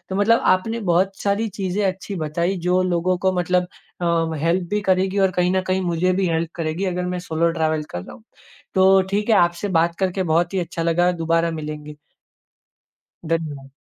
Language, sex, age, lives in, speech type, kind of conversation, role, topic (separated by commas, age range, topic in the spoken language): Hindi, male, 25-29, India, conversation, podcast, host, Solo travel ne tumhara confidence kaise badhaya?